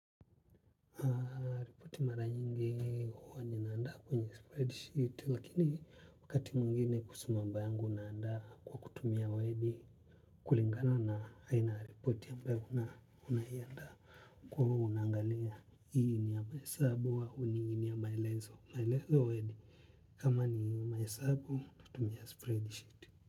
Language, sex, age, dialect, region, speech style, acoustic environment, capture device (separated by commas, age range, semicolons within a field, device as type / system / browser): Swahili, male, 25-34, Tanzania - Dar es Salaam, Tanzania, relaxed, people talking, mobile / Linux / Chrome